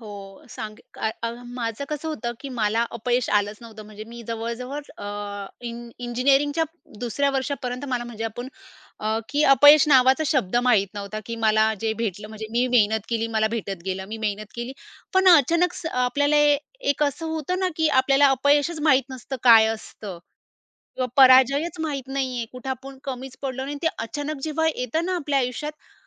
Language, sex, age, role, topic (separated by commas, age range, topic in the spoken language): Marathi, female, 30-34, guest, अपयशानंतर आशा कशी टिकवता?